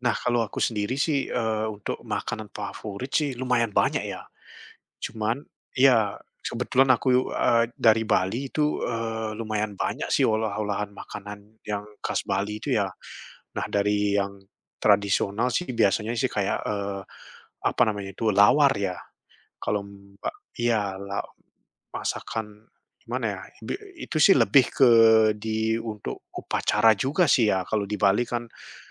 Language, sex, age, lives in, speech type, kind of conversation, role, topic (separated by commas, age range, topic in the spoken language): Indonesian, male, 30-34, Netherlands, conversation, unstructured, none, Makanan apa yang selalu membuatmu teringat masa kecil?